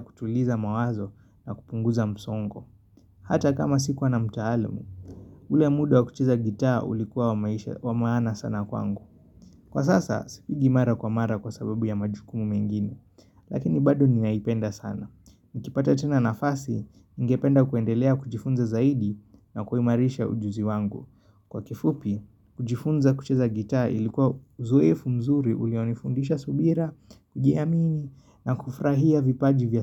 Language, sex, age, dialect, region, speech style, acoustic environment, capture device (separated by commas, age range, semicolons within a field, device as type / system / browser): Swahili, male, 25-34, Kenya - Nairobi Sheng-influenced, Kenya, relaxed, nature; appliances; construction, mobile / Linux / Chrome